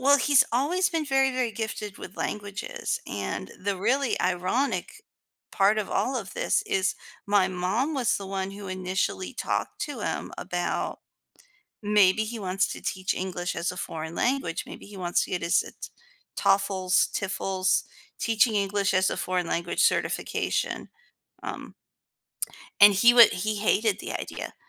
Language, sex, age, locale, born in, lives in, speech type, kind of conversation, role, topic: English, female, 40-44, en-US, United States, United States, conversation, advice, user, How can i maintain open, honest communication with a family member after a positive conversation?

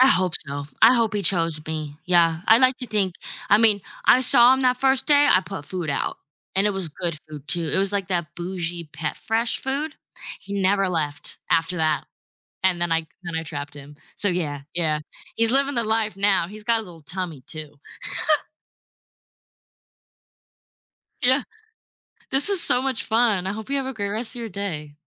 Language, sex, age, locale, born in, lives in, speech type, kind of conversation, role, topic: English, female, 25-29, en-US, United States, United States, conversation, unstructured, none, What makes pets so important in people’s lives?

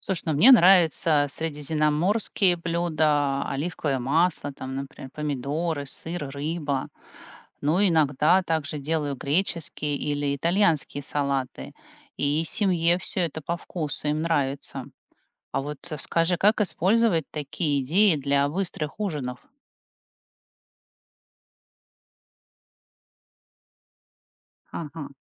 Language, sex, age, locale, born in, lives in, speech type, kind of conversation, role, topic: Russian, female, 45-49, ru-RU, Russia, United States, read, expert-assistant, asker, Как понять, какой стиль питания лучше всего подходит для моего образа жизни?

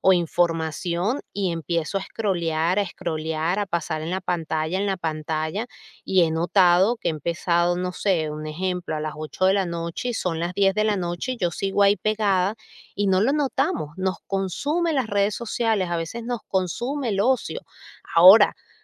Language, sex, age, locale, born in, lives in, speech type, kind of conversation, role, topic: Spanish, female, 40-44, es-VE, Venezuela, United States, conversation, podcast, guest, ¿Cómo priorizas tu tiempo entre el trabajo, la familia y el ocio?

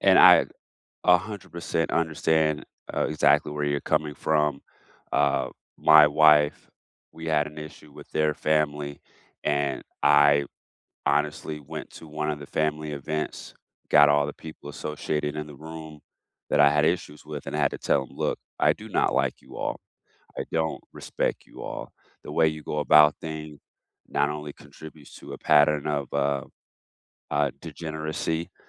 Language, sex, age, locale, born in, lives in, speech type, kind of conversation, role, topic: English, male, 35-39, en-US, United States, United States, conversation, unstructured, none, Why is it important to forgive someone?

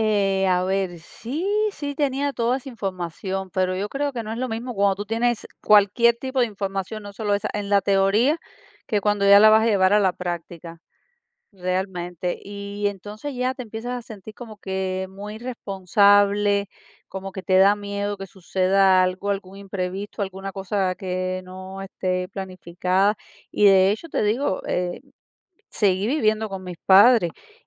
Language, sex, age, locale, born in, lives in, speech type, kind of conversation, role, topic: Spanish, female, 40-44, es-CU, Cuba, United States, conversation, podcast, guest, ¿Cuál fue el primer momento en que te sentiste adulto?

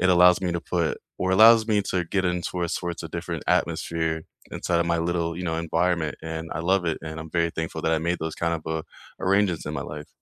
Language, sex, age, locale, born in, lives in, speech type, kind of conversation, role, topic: English, male, 25-29, en-US, United States, United States, conversation, unstructured, none, How has your idea of home changed since childhood, and what has shaped it?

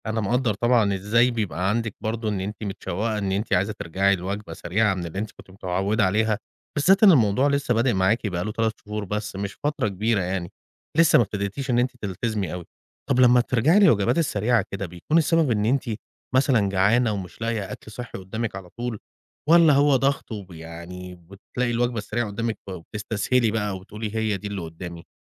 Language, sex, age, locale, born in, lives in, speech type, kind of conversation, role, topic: Arabic, male, 35-39, ar-EG, Egypt, Egypt, conversation, advice, advisor, إزاي أقدر ألتزم بالأكل الصحي باستمرار ومابقاش برجع للوجبات السريعة؟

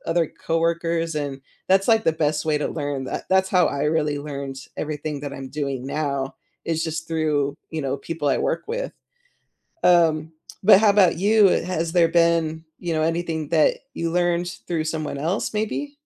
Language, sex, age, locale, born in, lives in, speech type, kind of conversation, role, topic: English, female, 35-39, en-US, United States, United States, conversation, unstructured, none, What hobby surprised you by how much you enjoyed it?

